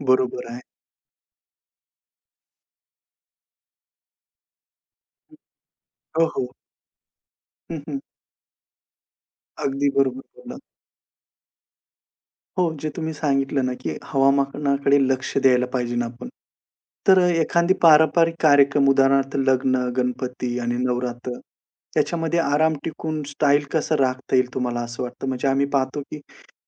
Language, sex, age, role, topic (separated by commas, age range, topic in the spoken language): Marathi, male, 35-39, host, आराम आणि स्टाइल यांचं संतुलन कसं साधतोस?